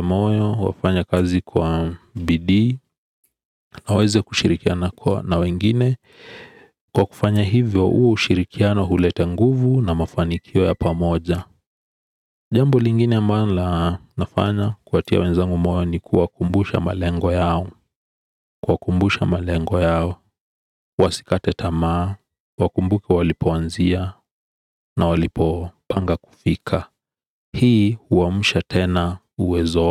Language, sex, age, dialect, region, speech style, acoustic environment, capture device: Swahili, male, 35-44, Kenya - Nairobi Sheng-influenced, Kenya, relaxed, silence; appliances; people talking, desktop / Windows / Edge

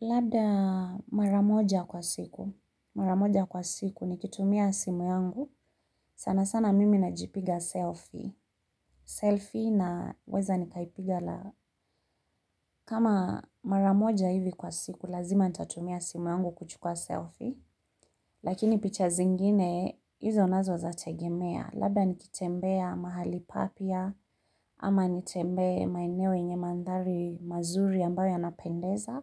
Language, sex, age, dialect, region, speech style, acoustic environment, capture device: Swahili, female, 35-44, Kenya - Nairobi Sheng-influenced, Kenya, relaxed, people talking, mobile / Linux / Chrome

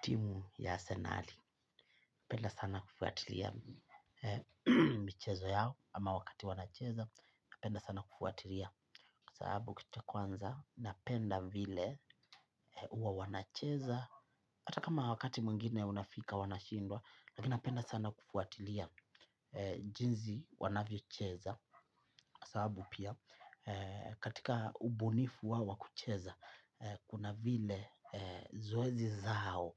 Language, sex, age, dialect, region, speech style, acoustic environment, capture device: Swahili, male, 25-34, Kenya - Mombasa, Kenya, happy; relaxed; focused, nature, mobile / Linux / Chrome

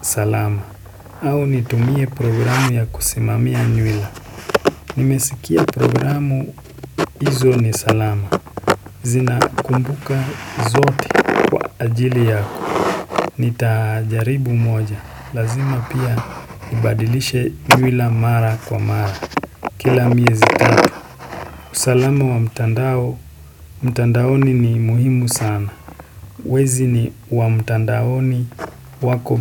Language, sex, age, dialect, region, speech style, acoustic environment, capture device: Swahili, male, 18-24, Kenya - Nairobi Sheng-influenced, Kenya, happy; excited, silence, desktop / Windows / Chrome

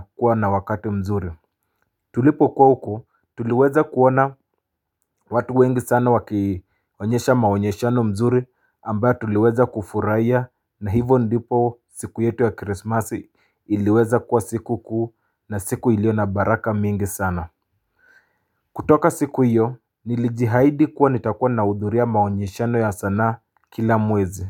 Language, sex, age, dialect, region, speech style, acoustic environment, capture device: Swahili, male, 25-34, Kenya - Mombasa, Kenya, relaxed, silence, mobile / Linux / Chrome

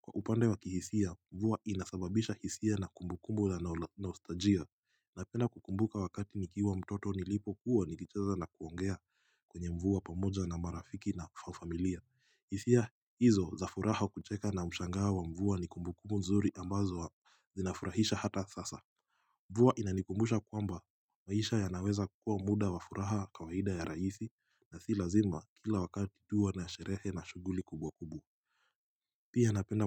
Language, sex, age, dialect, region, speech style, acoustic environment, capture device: Swahili, male, 18-24, Kenya - Mombasa, Kenya, relaxed, silence, mobile / Linux / Chrome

